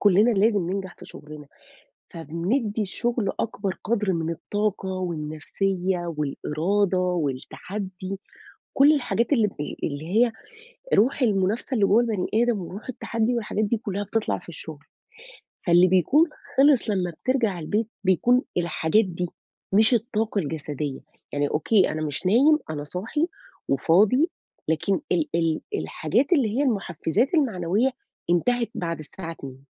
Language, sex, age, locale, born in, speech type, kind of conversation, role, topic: Arabic, female, 35-39, ar-EG, Saudi Arabia, conversation, advice, advisor, إزاي أبطل تسويف وأكمّل المشاريع اللي ببدأها بدل ما أفضّل أبدأ حاجات جديدة كل شوية؟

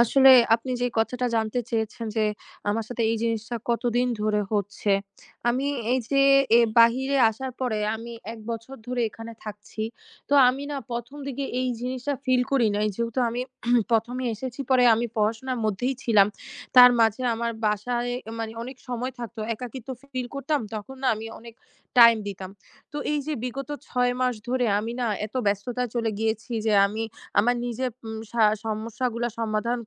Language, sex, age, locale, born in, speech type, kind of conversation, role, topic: Bengali, female, 20-24, bn-BD, Bangladesh, conversation, advice, user, কাজ বা পড়াশোনার কারণে কি আপনার বন্ধু এবং সমর্থন কমে গেছে বা হারিয়ে গেছে?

